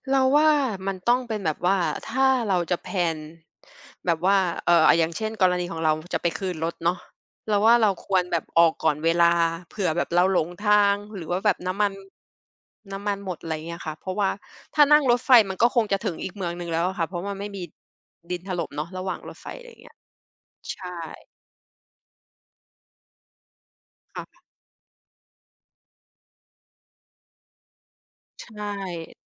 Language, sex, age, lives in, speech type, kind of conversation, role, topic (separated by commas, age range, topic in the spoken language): Thai, female, 30-34, Thailand, conversation, podcast, guest, มีเรื่องตลกหรือเรื่องพลาดระหว่างเดินทางที่ยังจำได้ไหม?